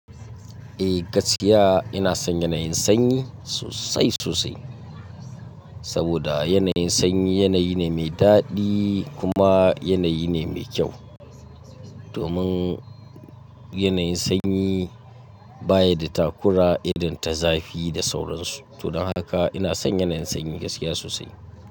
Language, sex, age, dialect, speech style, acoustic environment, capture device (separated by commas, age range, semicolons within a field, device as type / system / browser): Hausa, male, 25-34, Nigeria - Katsina, focused, silence, mobile / Linux / Chrome